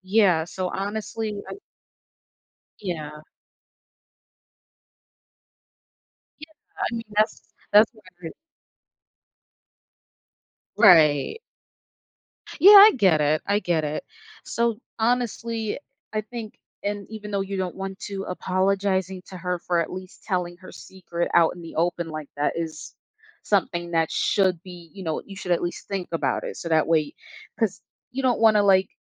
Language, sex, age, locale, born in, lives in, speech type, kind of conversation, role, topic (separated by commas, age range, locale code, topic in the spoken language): English, female, 25-29, en-US, United States, United States, conversation, advice, advisor, How can I resolve a disagreement with a close friend?